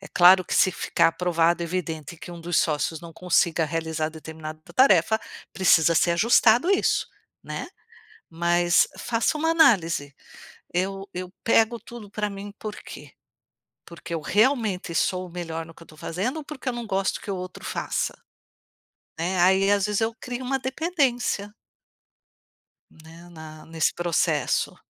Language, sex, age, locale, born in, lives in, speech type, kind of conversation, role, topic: Portuguese, female, 55-59, pt-BR, Brazil, United States, conversation, advice, advisor, Como lidar com a frustração de um crescimento lento apesar de muito esforço?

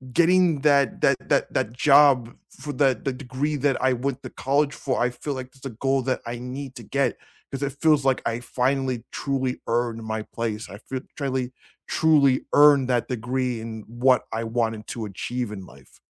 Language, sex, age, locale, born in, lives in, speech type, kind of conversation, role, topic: English, male, 35-39, en-US, United States, United States, conversation, unstructured, none, What is a goal that changed the way you see yourself?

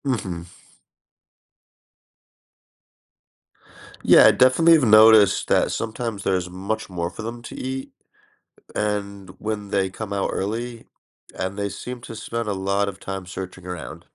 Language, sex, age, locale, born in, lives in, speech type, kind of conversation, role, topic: English, male, 30-34, en-US, United States, United States, read, expert-assistant, asker, How do animals adapt to survive in extreme environments?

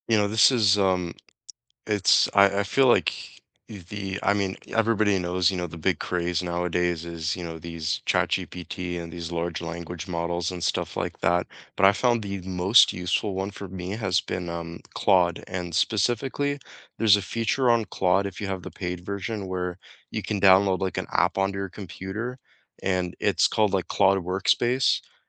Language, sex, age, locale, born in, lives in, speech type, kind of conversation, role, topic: English, male, 20-24, en-CA, Canada, Canada, conversation, unstructured, none, What small tech hacks save you minutes each day, and which ones do you share with friends?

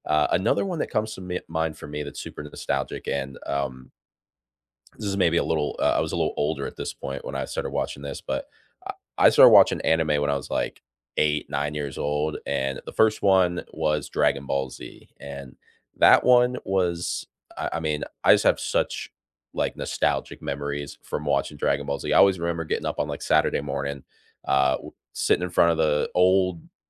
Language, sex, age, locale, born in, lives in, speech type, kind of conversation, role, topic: English, male, 25-29, en-US, United States, United States, conversation, unstructured, none, Which nostalgic cartoons or sitcoms still make you laugh?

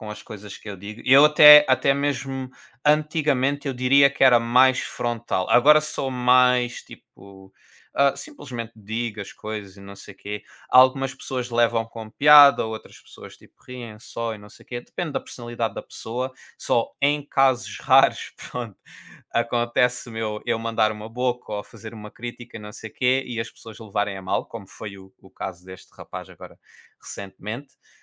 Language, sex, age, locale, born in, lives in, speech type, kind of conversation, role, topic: Portuguese, male, 25-29, pt-PT, Portugal, Portugal, conversation, unstructured, none, Como transformar uma conversa difícil em uma oportunidade de crescimento?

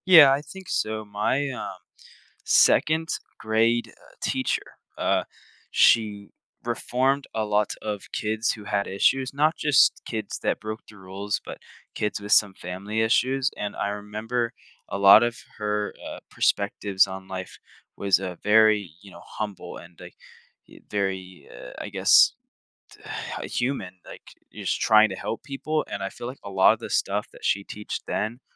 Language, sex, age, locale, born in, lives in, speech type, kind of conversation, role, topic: English, male, 18-19, en-US, United States, United States, conversation, podcast, guest, How do you approach making important decisions in your life?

